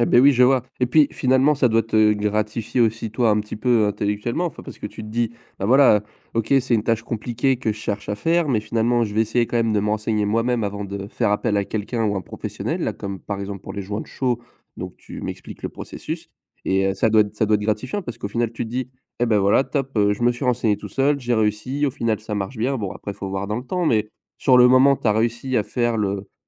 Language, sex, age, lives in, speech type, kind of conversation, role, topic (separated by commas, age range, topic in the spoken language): French, male, 20-24, France, conversation, podcast, host, Quelle est une compétence que tu as apprise en autodidacte ?